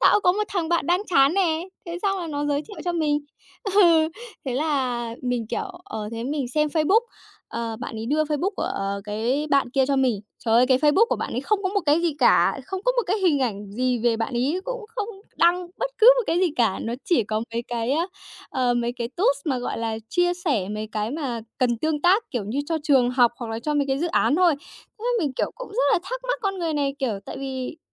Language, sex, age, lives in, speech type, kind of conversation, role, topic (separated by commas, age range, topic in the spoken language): Vietnamese, female, 20-24, Japan, conversation, podcast, guest, Bạn có nhớ khoảnh khắc tình cờ đã thay đổi cuộc đời mình không?